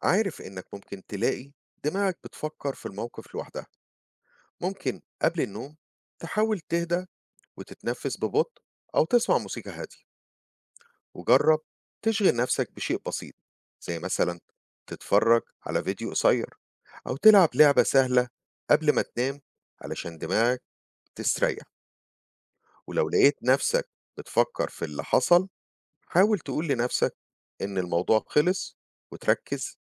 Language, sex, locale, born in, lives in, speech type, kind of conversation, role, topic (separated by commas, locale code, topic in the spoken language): Arabic, male, ar-EG, Egypt, United States, read, expert-assistant, expert, كيف يمكن التعامل مع الغضب في المواقف المدرسية؟